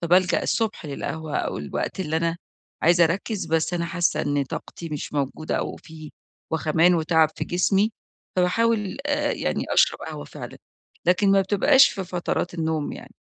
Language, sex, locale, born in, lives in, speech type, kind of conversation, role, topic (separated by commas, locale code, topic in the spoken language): Arabic, female, ar-EG, Egypt, Egypt, conversation, advice, user, إزاي أزوّد طاقتي أول ما أصحى كل صباح؟